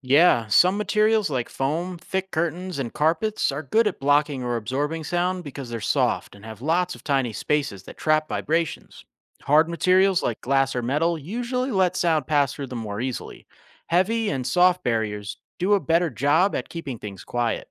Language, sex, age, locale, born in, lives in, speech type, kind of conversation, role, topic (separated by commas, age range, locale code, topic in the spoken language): English, male, 35-39, en-US, United States, United States, read, expert-assistant, expert, What is sound and how does it travel?